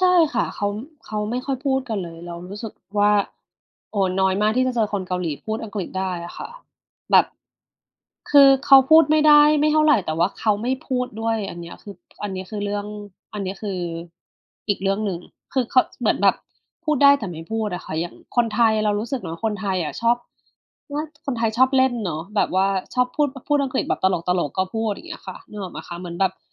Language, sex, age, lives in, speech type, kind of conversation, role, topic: Thai, female, 40-44, Thailand, conversation, unstructured, none, อะไรคือสิ่งที่ทำให้คุณรู้สึกภูมิใจเกี่ยวกับการเรียนครั้งล่าสุดของคุณ?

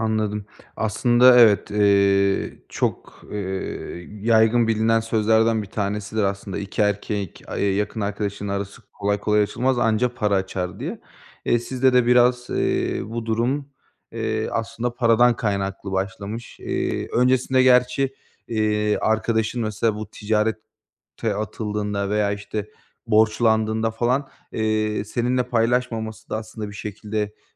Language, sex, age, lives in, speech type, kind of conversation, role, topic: Turkish, male, 25-29, Bulgaria, conversation, advice, advisor, İletişimin koptuğu bir arkadaşla yeniden yakınlaşmaya nereden başlamalıyım?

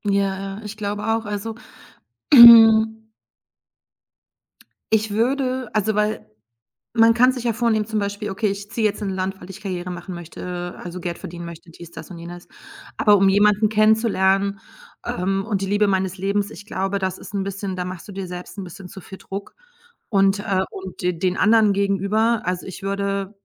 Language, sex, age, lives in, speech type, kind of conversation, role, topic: German, female, 45-49, Germany, conversation, advice, advisor, Wie kann die Angst, wieder verletzt zu werden, neue Bindungen verhindern?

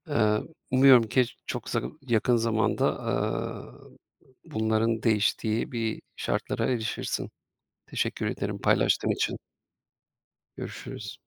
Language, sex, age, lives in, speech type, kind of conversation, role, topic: Turkish, male, 40-44, Austria, conversation, advice, advisor, Taşındıktan sonra yeni arkadaşlar edinmekte neden zorlanıyorsun?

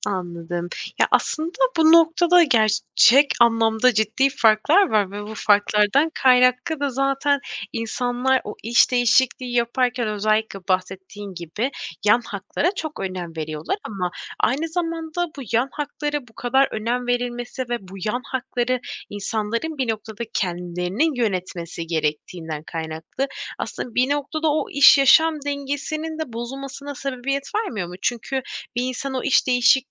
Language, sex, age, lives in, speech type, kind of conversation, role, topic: Turkish, female, 25-29, Poland, conversation, podcast, host, İş değiştirirken nelere öncelik verirsin, neden?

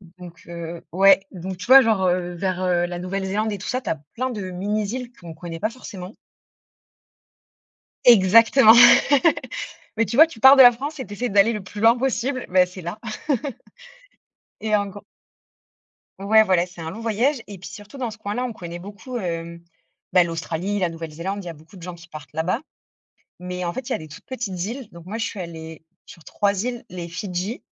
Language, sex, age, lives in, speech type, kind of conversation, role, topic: French, female, 30-34, France, conversation, unstructured, none, Quel est ton souvenir de voyage le plus marquant ?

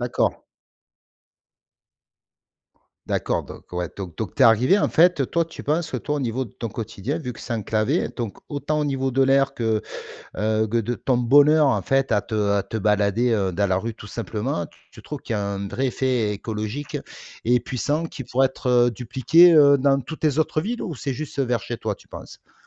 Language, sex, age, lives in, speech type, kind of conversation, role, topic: French, male, 45-49, France, conversation, podcast, host, Comment une ville peut-elle devenir plus verte ?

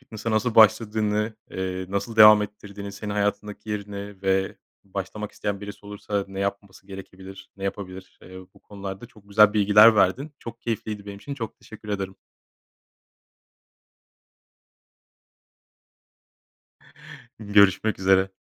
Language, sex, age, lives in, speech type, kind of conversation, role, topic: Turkish, male, 35-39, Germany, conversation, podcast, host, Hangi hobin seni en çok mutlu ediyor, anlatır mısın?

